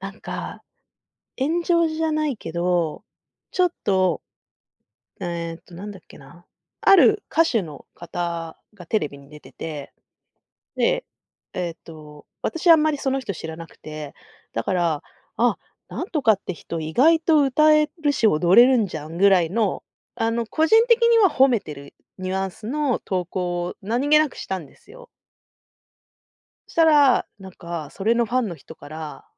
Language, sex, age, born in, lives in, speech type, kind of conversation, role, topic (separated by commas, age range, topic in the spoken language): Japanese, female, 40-44, Japan, Japan, conversation, podcast, guest, SNSでやり取りするとき、どんなことに気をつけていますか？